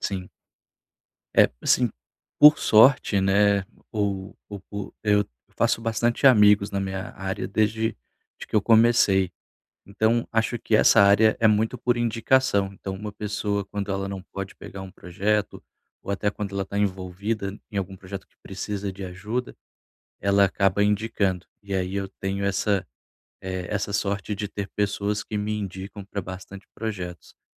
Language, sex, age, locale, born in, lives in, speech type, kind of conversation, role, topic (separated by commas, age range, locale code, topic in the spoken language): Portuguese, male, 30-34, pt-BR, Brazil, Portugal, conversation, advice, user, Como posso equilibrar melhor o meu dia a dia entre trabalho e vida pessoal sem me estressar?